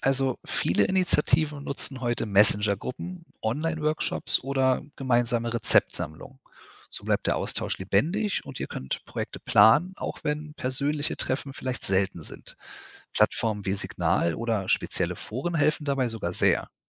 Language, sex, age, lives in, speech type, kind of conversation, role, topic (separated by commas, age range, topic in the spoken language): German, male, 35-39, Germany, read, expert-assistant, expert, Was hilft mir dabei, motiviert zu bleiben, wenn Umweltthemen manchmal überwältigend wirken?